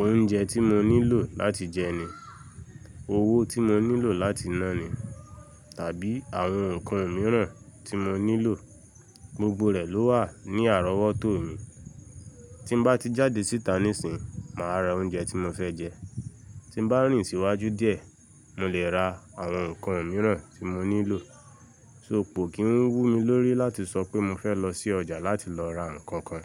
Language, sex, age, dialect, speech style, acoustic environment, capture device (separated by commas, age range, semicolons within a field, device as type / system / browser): Yoruba, male, 25-34, Nigeria - Lagos Yoruba, relaxed, silence, mobile / Linux / Chrome